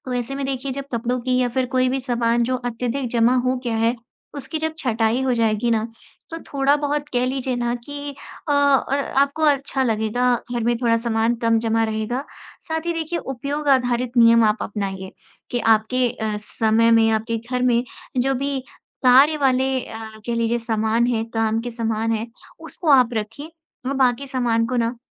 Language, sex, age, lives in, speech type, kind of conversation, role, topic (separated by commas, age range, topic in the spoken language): Hindi, female, 20-24, India, conversation, advice, advisor, घर में सामान जमा होने पर उसे फेंकने या देने को लेकर दुविधा क्यों होती है?